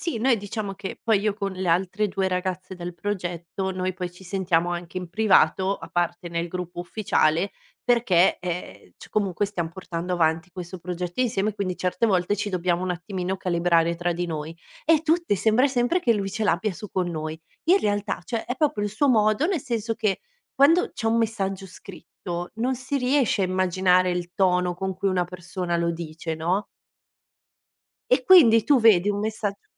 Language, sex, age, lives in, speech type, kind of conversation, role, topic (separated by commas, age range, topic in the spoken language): Italian, female, 30-34, Italy, conversation, podcast, guest, Perché i messaggi scritti generano più fraintendimenti?